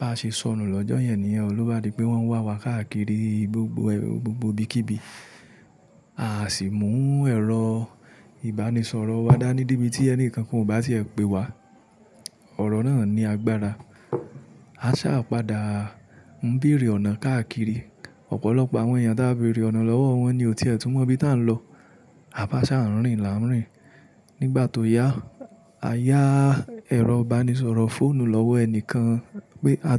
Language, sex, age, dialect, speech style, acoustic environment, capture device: Yoruba, male, 25-34, Nigeria - Ibadan, tired; relaxed, traffic; nature, mobile / Linux / Chrome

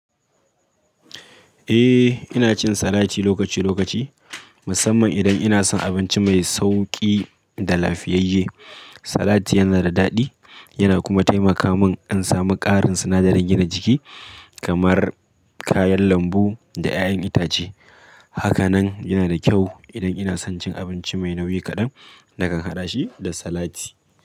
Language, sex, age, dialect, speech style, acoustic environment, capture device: Hausa, male, 25-34, Nigeria - Kano, focused; stressed, people talking; traffic; appliances; silence; nature, mobile / Linux / Chrome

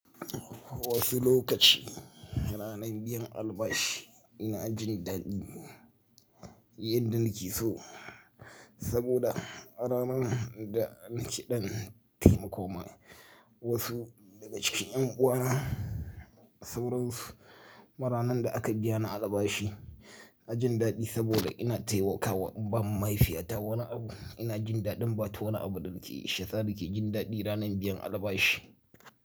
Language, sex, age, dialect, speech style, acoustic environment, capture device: Hausa, male, 35-44, Nigeria - Kano, happy; tired, people talking; nature, mobile / Linux / Chrome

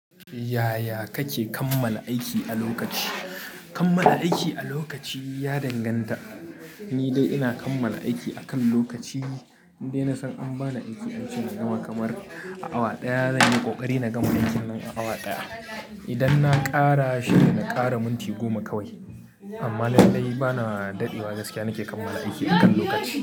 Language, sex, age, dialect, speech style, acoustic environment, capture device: Hausa, male, 25-34, Nigeria - Kano, tired, people talking, mobile / Linux / Chrome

